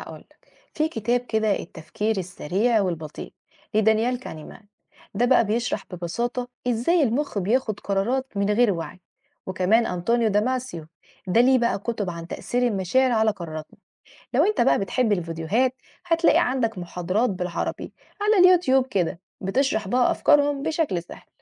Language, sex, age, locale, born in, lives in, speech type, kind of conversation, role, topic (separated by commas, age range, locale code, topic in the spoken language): Arabic, female, 20-24, ar-EG, Egypt, Egypt, read, expert-assistant, expert, مين أشهر العلماء أو الخبراء في مجال علم النفس العصبي في العالم أو في مصر؟ وإزاي ممكن أتابع شغلهم؟